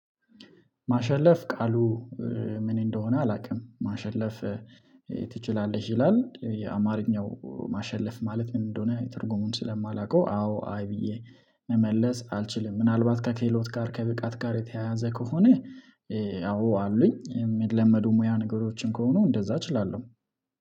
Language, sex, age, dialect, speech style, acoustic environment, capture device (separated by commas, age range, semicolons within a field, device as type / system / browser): Amharic, male, 25-34, Ethiopia - Addis Ababa, relaxed; focused, silence, mobile / Linux / Chrome